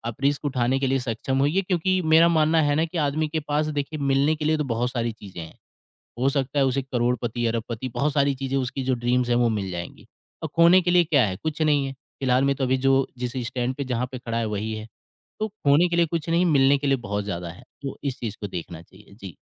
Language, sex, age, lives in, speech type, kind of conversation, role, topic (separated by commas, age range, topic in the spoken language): Hindi, male, 25-29, India, conversation, podcast, guest, Passion follow karna chahiye ya safe job leni chahiye—tum kya sochte ho?